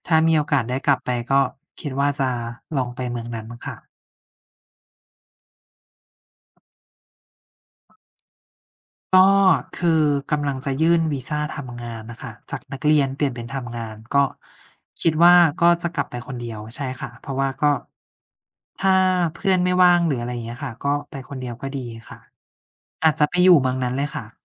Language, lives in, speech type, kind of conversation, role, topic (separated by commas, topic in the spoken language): Thai, Thailand, conversation, unstructured, none, คุณชอบเดินทางไปที่ไหนมากที่สุด?